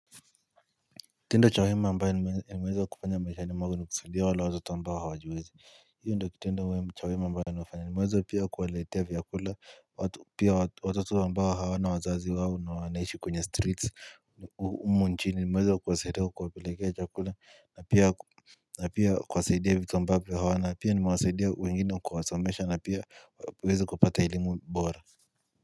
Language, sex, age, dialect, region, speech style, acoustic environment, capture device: Swahili, male, 18-24, Kenya - Nairobi Sheng-influenced, Kenya, happy, silence, mobile / Linux / Chrome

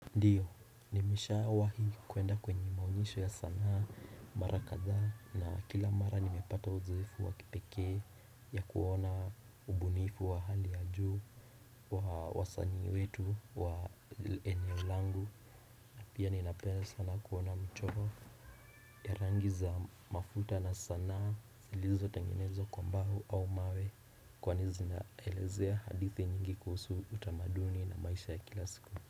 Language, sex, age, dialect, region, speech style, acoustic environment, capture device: Swahili, male, 18-24, Kenya - Nairobi Sheng-influenced, Kenya, relaxed, people talking; appliances; nature, desktop / Windows / Chrome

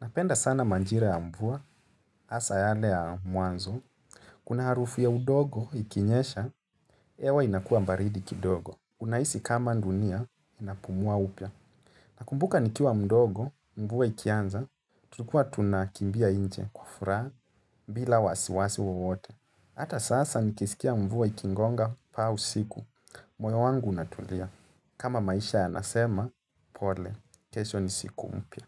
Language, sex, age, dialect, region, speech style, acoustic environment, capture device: Swahili, male, 25-34, Kenya - Nairobi Sheng-influenced, Kenya, relaxed, nature; silence, mobile / Linux / Chrome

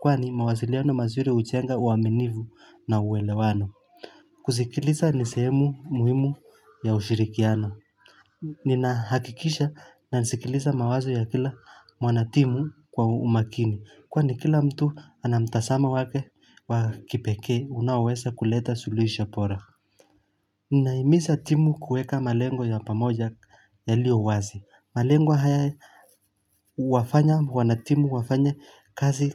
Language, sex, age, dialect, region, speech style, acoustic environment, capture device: Swahili, male, 25-34, Kenya - Mombasa, Kenya, relaxed, silence, mobile / Linux / Chrome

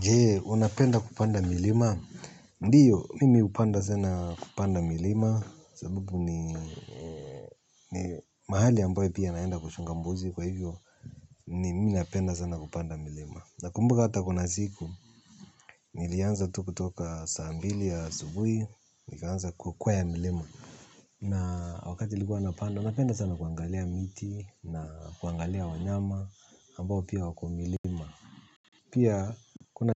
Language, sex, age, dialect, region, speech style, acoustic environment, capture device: Swahili, male, 35-44, Kenya - Nairobi Sheng-influenced, Kenya, happy, silence, mobile / Linux / Chrome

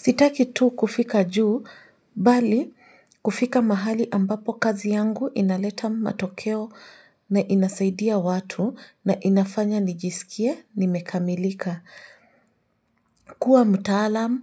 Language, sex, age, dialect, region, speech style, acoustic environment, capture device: Swahili, female, 25-34, Kenya - Nairobi Sheng-influenced, Kenya, relaxed; focused, silence, mobile / Linux / Chrome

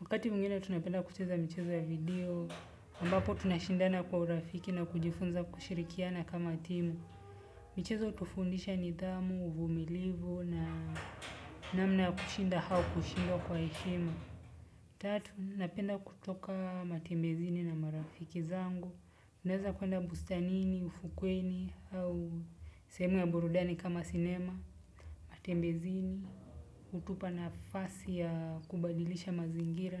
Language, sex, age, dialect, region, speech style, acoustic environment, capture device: Swahili, male, 25-34, Kenya - Nairobi Sheng-influenced, Kenya, relaxed, nature, desktop / Windows / Chrome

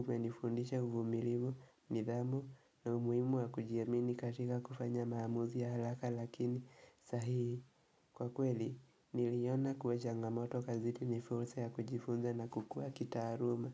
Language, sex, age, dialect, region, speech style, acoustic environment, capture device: Swahili, male, 18-24, Kenya - Nairobi Sheng-influenced, Kenya, relaxed; focused, silence, mobile / Linux / Chrome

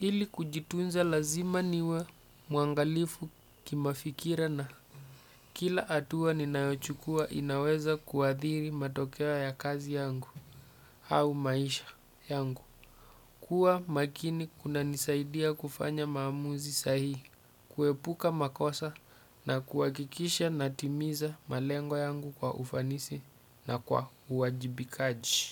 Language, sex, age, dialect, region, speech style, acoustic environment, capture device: Swahili, male, 35-44, Kenya - Mombasa, Kenya, happy, silence, desktop / Windows / Chrome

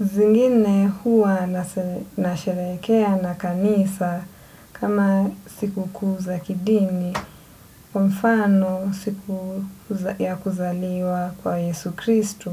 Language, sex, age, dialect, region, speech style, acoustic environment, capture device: Swahili, female, 25-34, Kenya - Mombasa, Kenya, relaxed, silence; nature, desktop / Windows / Edge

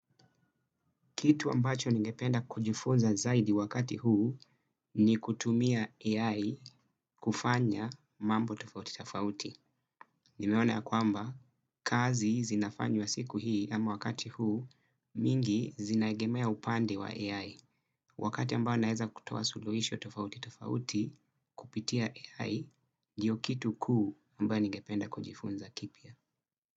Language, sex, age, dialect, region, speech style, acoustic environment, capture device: Swahili, male, 25-34, Kenya - Mombasa, Kenya, relaxed, nature, desktop / Windows / Chrome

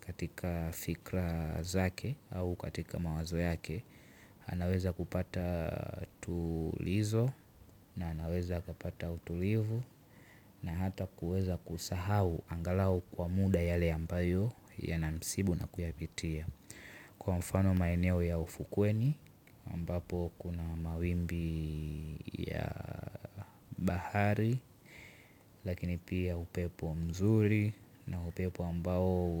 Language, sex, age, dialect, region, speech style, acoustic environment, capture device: Swahili, male, 18-24, Tanzania - Dar es Salaam, Tanzania, relaxed, silence, mobile / Linux / Chrome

